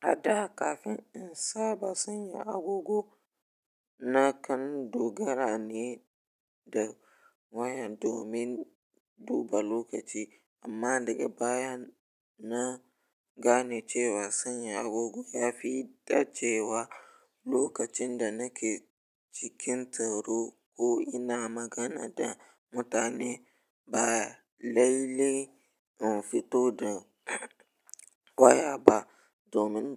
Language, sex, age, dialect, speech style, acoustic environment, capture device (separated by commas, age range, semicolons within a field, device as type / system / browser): Hausa, male, 18-24, Nigeria - Ibadan, relaxed, nature, mobile / Linux / Chrome